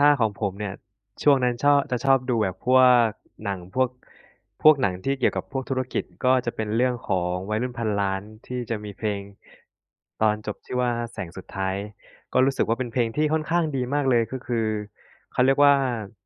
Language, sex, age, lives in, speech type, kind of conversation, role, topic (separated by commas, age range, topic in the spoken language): Thai, male, 25-29, Thailand, conversation, unstructured, none, เพลงไหนที่เคยให้แรงบันดาลใจกับคุณมากที่สุด?